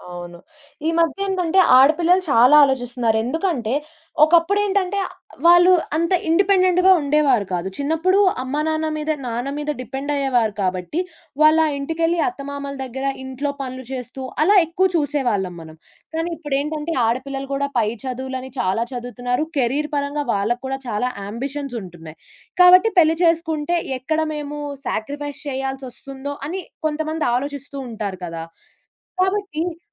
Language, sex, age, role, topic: Telugu, female, 20-24, host, పెళ్లి చేసుకోవాలా లేక ఒంటరిగా ఉండాలా అనే నిర్ణయం గురించి మీరు ఎలా ఆలోచిస్తారు?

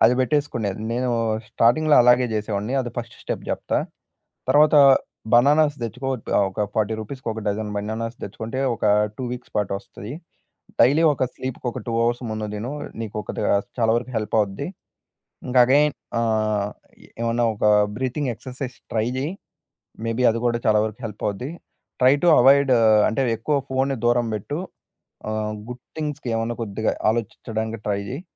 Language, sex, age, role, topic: Telugu, male, 20-24, guest, నిద్రకు వెళ్లేముందు మీ వ్యక్తిగత సంరక్షణ అలవాట్లు సాధారణంగా ఎలా ఉంటాయి?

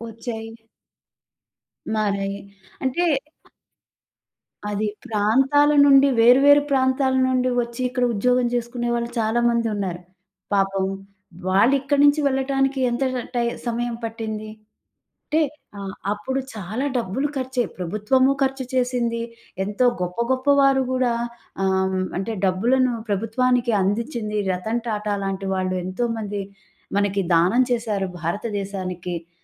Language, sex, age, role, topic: Telugu, female, 40-44, guest, కష్టకాలంలో మీరు క్రమశిక్షణను ఎలా నిలుపుకుంటారు?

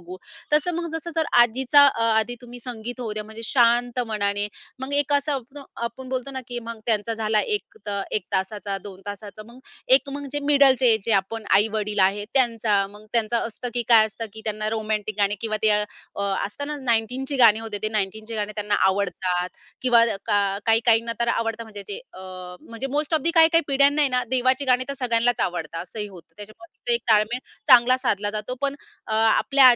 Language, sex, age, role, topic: Marathi, female, 30-34, guest, कुटुंबातील वेगवेगळ्या पिढ्यांच्या आवडी कशा जुळतात?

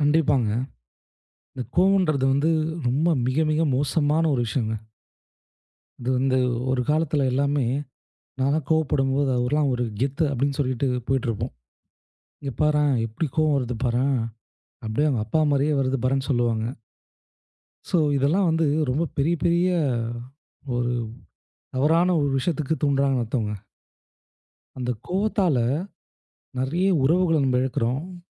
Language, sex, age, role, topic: Tamil, male, 25-29, guest, என் கோபத்தை கட்டுப்படுத்த சில எளிய வழிகள் என்ன?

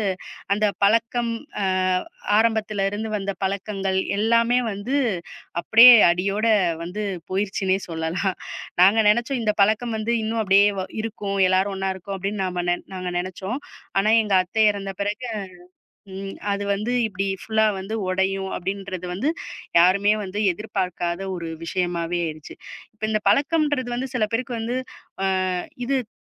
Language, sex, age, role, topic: Tamil, female, 35-39, guest, இன்றைய காலத்தில் மெதுவாக மறந்து போகிறது என்று நீங்கள் நினைக்கும் எந்தப் பழக்கத்தைப் பற்றி சொல்லலாம்?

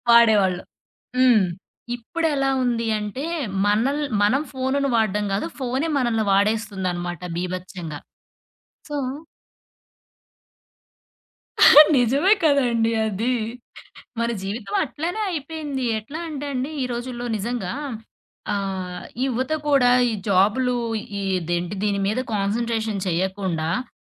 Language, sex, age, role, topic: Telugu, female, 30-34, guest, డిజిటల్ డిటాక్స్ చేసినప్పుడు మీరు మొదట ఏ అలవాటును ఆపుతారు?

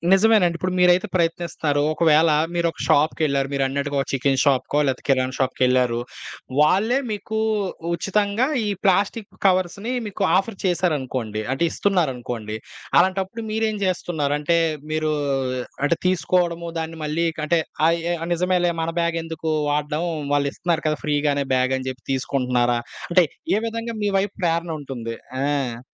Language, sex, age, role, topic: Telugu, male, 25-29, host, ప్లాస్టిక్ వినియోగాన్ని ఎలా తగ్గించవచ్చు?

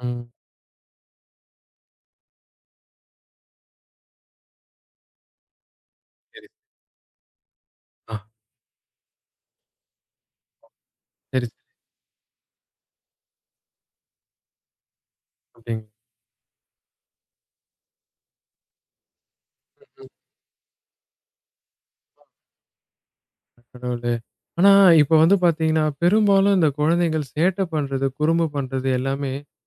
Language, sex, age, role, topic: Tamil, male, 20-24, host, குழந்தைகளுக்கு மன்னிப்புக் கேட்பது மற்றும் மன்னிப்பது எப்படி கற்பிக்கலாம்?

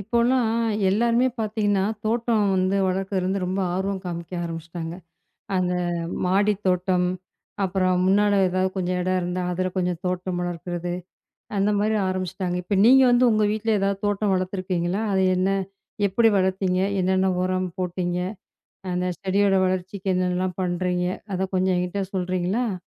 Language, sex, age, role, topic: Tamil, female, 35-39, host, நீங்கள் முதன்முறையாக தோட்டம் வளர்ப்பதை எப்படித் தொடங்கினீர்கள்?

